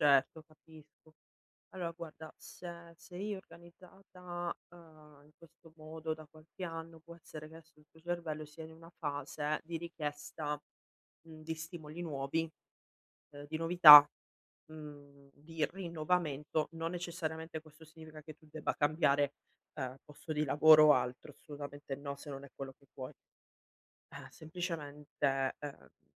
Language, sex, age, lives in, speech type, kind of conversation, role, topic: Italian, female, 35-39, Italy, conversation, advice, advisor, Come posso eliminare le distrazioni per concentrarmi sul lavoro profondo?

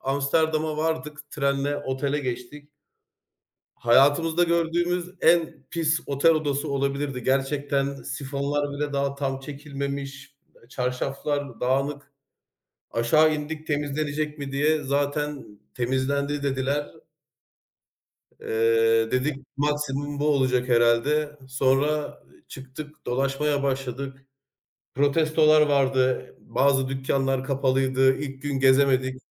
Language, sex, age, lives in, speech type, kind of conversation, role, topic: Turkish, male, 35-39, Germany, conversation, unstructured, none, Hangi seyahat deneyimi seni en çok hayal kırıklığına uğrattı?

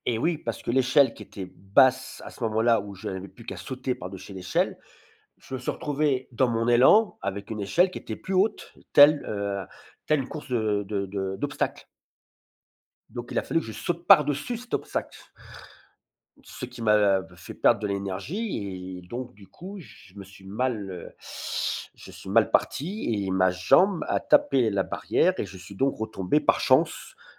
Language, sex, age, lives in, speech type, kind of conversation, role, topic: French, male, 50-54, France, conversation, podcast, guest, Quel souvenir d’enfance t’a vraiment marqué ?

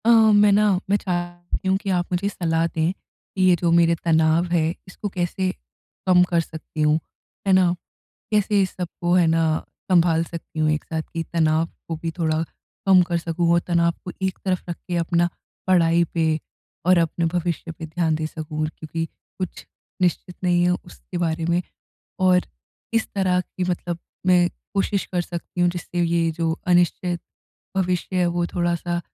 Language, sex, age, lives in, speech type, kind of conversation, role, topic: Hindi, female, 20-24, India, conversation, advice, user, मैं अनिश्चित भविष्य को लेकर होने वाली चिंता को कैसे कम कर सकता/सकती हूँ?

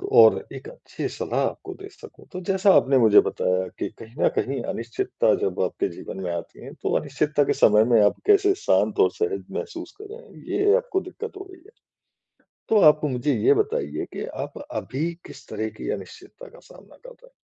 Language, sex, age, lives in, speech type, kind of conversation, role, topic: Hindi, male, 40-44, India, conversation, advice, advisor, मैं अनिश्चितता के समय शांत और सहज कैसे रह सकता/सकती हूँ?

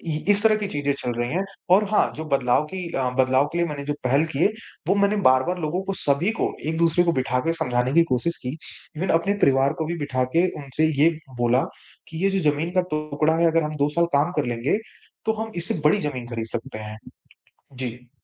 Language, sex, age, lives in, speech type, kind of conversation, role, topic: Hindi, male, 25-29, India, conversation, advice, user, पीढ़ियों से चले आ रहे पारिवारिक झगड़ों और संघर्ष के पैटर्न को आप कैसे बदल सकते हैं?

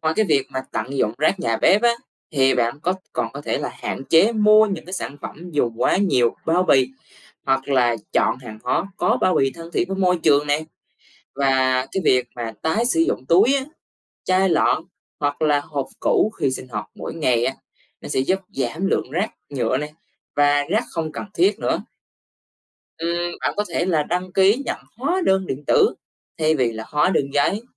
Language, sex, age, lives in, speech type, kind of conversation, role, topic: Vietnamese, male, 20-24, Vietnam, read, expert-assistant, expert, Làm sao để bảo vệ môi trường trong cuộc sống hàng ngày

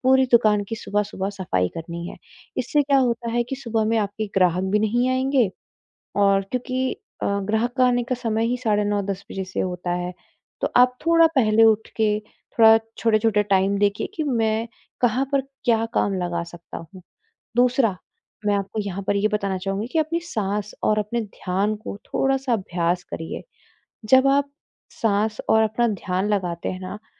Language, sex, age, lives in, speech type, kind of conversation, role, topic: Hindi, female, 25-29, India, conversation, advice, advisor, मैं अपना ध्यान लंबे समय तक कैसे बनाए रखूँ?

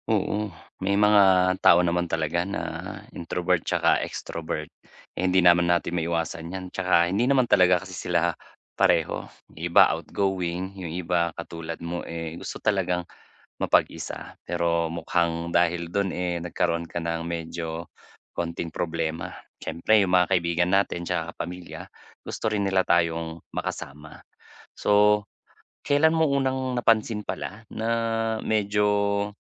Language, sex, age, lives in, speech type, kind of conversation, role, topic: Filipino, male, 35-39, Philippines, conversation, advice, advisor, Paano ko mababalanse ang pakikisama at oras para sa sarili?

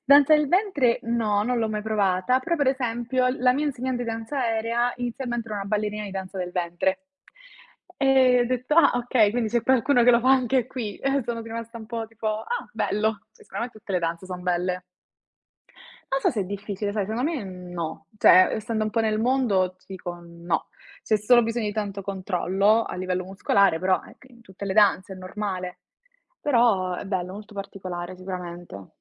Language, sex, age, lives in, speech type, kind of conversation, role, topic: Italian, female, 20-24, Italy, conversation, unstructured, none, Hai mai scoperto un talento nascosto provando qualcosa di nuovo?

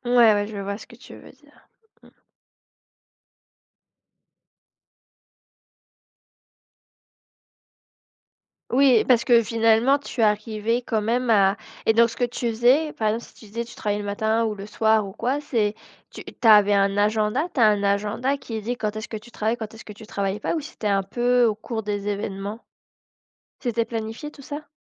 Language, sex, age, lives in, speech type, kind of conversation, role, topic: French, female, 25-29, France, conversation, podcast, host, Comment trouves-tu l’équilibre entre le travail et la vie personnelle ?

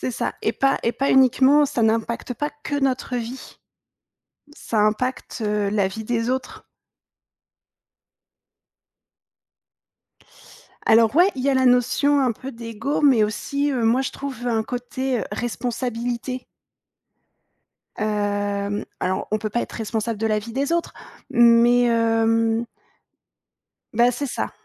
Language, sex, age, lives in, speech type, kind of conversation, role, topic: French, female, 30-34, France, conversation, podcast, guest, Quel livre ou quel film t’a bouleversé, et pourquoi ?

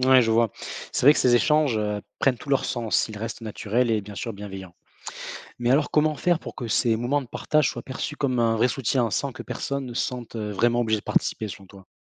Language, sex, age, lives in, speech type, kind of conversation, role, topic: French, male, 25-29, France, read, expert-assistant, asker, Comment gérer les différences culturelles au sein d’une équipe ?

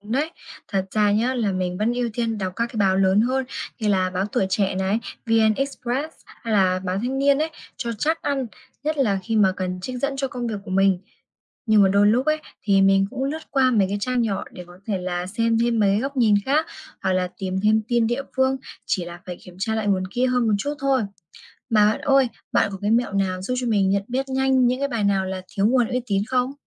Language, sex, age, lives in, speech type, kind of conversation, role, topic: Vietnamese, female, 20-24, Vietnam, read, expert-assistant, asker, Chất lượng báo mạng hiện nay giảm sút vì đâu và làm sao để nhận biết tin chính xác?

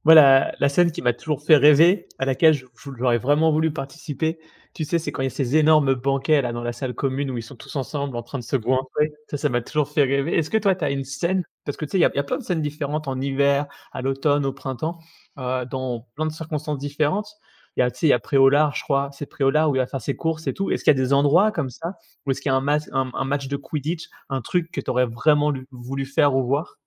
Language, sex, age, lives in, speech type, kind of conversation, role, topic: French, male, 30-34, France, conversation, podcast, host, Quel univers de fiction aimerais-tu visiter pour de vrai ?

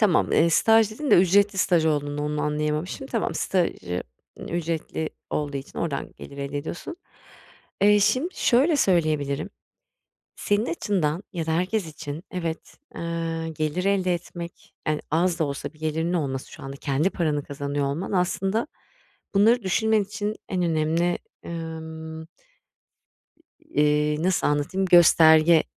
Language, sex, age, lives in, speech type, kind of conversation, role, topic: Turkish, female, 40-44, Spain, conversation, advice, advisor, Anlık keyiflerimle uzun vadeli birikim hedeflerim arasında nasıl denge kurabilirim?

